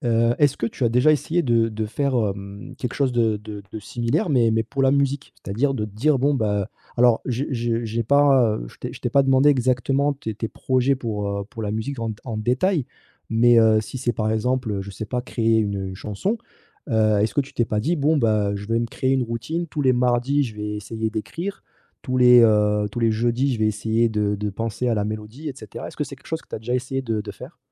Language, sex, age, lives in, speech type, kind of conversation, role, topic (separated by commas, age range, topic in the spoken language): French, male, 30-34, France, conversation, advice, advisor, Comment adopter de petites habitudes réalistes quand on se sent découragé·e par des attentes très élevées ?